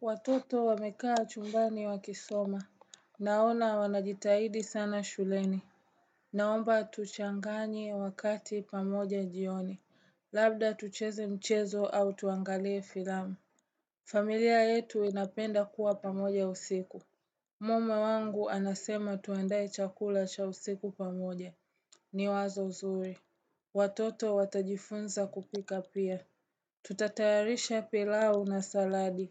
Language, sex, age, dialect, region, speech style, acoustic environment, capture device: Swahili, female, 18-24, Tanzania - Dar es Salaam, Tanzania, relaxed; focused, appliances, desktop / Windows / Chrome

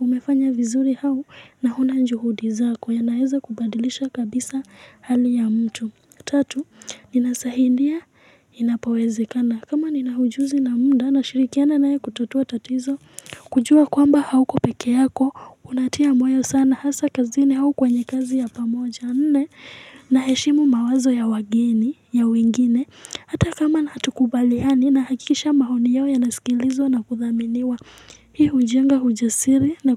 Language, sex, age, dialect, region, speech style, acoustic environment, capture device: Swahili, female, 18-24, Kenya - Nairobi Sheng-influenced, Kenya, excited; focused, music; people talking; nature, mobile / Linux / Chrome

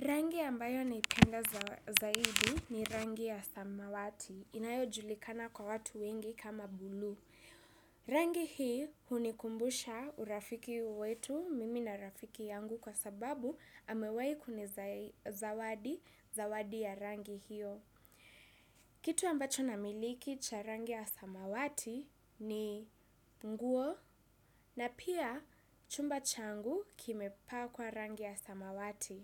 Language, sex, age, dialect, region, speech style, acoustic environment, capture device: Swahili, female, 18-24, Kenya - Nairobi Sheng-influenced, Kenya, happy, silence, desktop / Windows / Chrome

